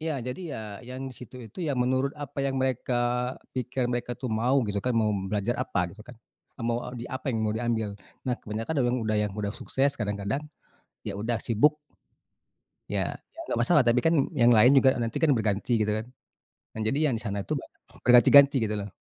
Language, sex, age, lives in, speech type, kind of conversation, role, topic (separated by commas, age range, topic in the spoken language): Indonesian, male, 40-44, Indonesia, conversation, podcast, guest, Siapa guru yang paling berkesan bagi Anda, dan mengapa beliau begitu berpengaruh dalam hidup Anda?